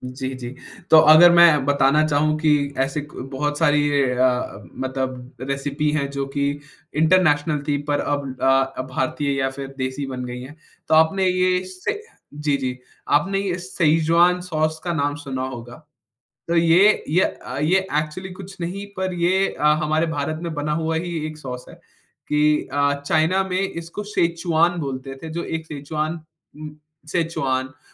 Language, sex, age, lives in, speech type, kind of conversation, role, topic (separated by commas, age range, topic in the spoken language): Hindi, male, 20-24, India, conversation, podcast, guest, अंतरराष्ट्रीय व्यंजनों को स्थानीय स्वाद के अनुरूप नया रूप देने का सही तरीका क्या है?